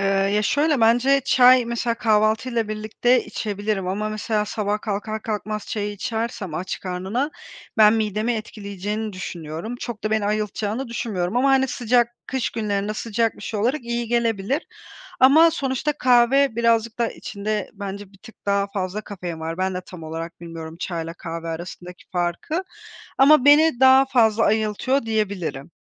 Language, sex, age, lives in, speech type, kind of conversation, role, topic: Turkish, female, 30-34, Spain, conversation, podcast, guest, Sabah çay ya da kahve hazırlama ritüelin zaman içinde nasıl şekillendi?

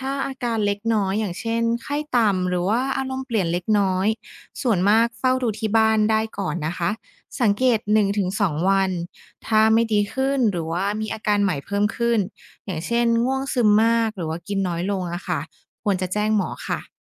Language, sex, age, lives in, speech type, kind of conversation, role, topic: Thai, female, 30-34, Thailand, read, expert-assistant, expert, อะไรคือสิ่งสำคัญที่ควรคำนึงเมื่อต้องเลือกรับการรักษาทางการแพทย์?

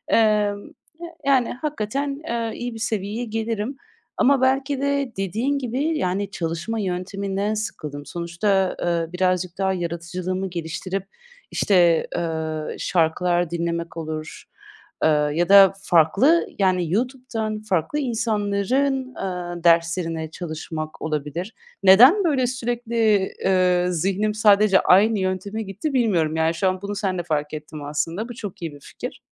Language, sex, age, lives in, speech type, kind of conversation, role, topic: Turkish, female, 30-34, Netherlands, conversation, advice, user, Hedeflerime ulaşmamı engelleyen sürekli erteleme alışkanlığımı nasıl yenebilirim?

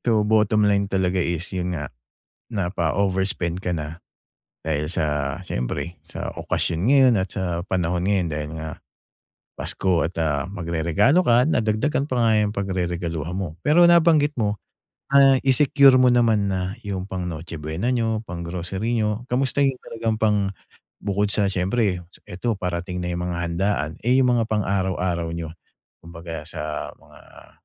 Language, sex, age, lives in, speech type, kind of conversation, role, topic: Filipino, male, 45-49, Philippines, conversation, advice, advisor, Paano ko maitatakda nang malinaw ang limitasyon sa aming gastos at mga inaasahan?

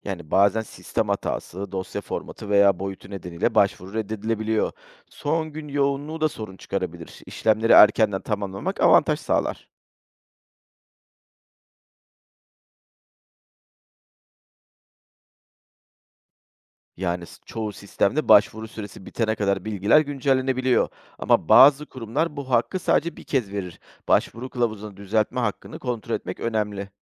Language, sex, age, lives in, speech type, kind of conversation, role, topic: Turkish, male, 40-44, Greece, read, expert-assistant, expert, Memurluk hakkında doğru ve yanlış bilgileri nasıl ayırt edebilirim?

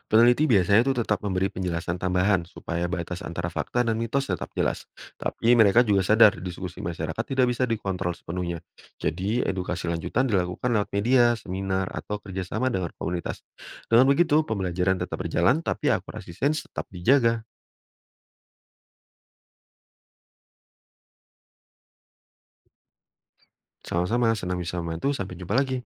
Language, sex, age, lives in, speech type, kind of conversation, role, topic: Indonesian, male, 30-34, Indonesia, read, expert-assistant, expert, Bagaimana cara membedakan informasi ilmiah dan rumor tentang kehidupan di dasar laut?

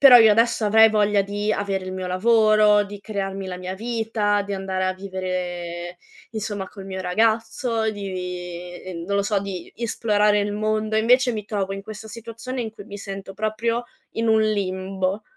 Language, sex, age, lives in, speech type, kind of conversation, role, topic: Italian, female, 25-29, Italy, conversation, advice, user, In che modo il perfezionismo ti blocca dall’agire per paura di sbagliare?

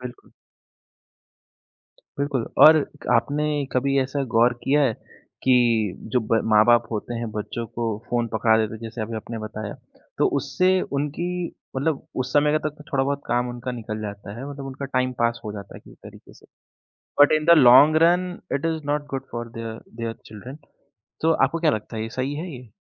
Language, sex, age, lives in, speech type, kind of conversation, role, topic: Hindi, male, 25-29, India, conversation, podcast, host, Short videos ne humari attention span ko kaise badla hai, tum kya sochte ho?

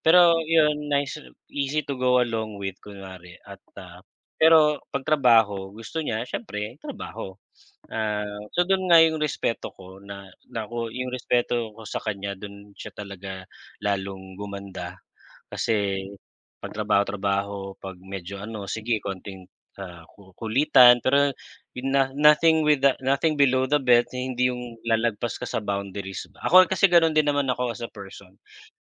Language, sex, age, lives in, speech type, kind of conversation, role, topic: Filipino, male, 40-44, Philippines, conversation, unstructured, none, Ano ang pinakamahalagang katangian ng isang mabuting pinuno?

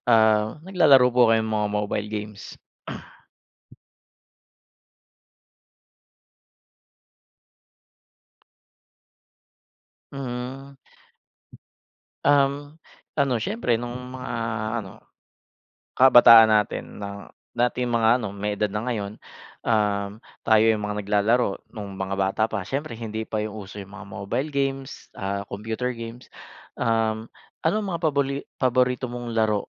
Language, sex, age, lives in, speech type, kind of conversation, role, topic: Filipino, male, 30-34, Philippines, conversation, unstructured, none, Ano ang paborito mong laro noong lumalaki ka?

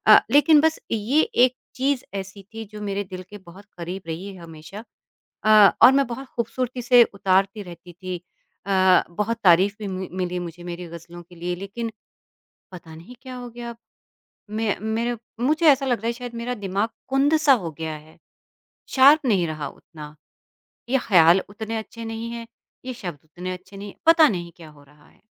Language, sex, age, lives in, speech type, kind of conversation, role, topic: Hindi, female, 50-54, India, conversation, advice, user, आप रोज़ थोड़ा-सा रचनात्मक काम करने की आदत क्यों नहीं बना पा रहे हैं?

